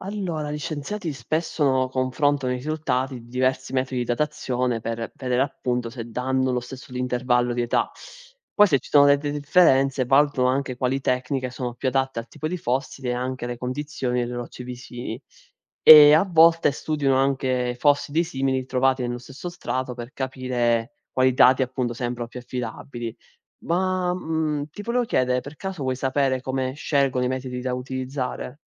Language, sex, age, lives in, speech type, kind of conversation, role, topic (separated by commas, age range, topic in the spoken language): Italian, male, 20-24, Italy, read, expert-assistant, expert, Come si formano i fossili?